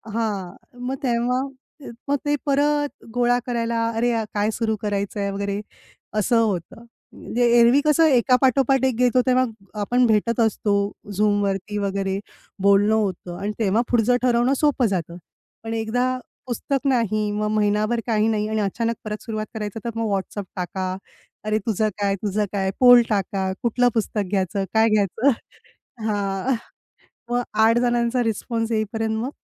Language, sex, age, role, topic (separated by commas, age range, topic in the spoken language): Marathi, female, 35-39, guest, तुमच्या आवडत्या शौकाच्या प्रकल्पाबद्दल सांगाल का?